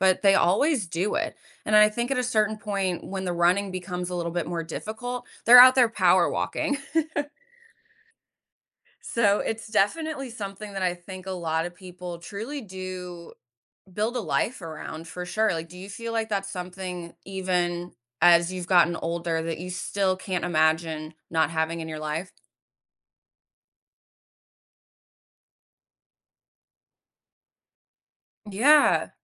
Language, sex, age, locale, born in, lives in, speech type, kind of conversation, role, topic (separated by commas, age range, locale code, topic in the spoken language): English, female, 30-34, en-US, United States, United States, conversation, podcast, host, What are the best ways for beginners to ease into running and make it a lasting habit?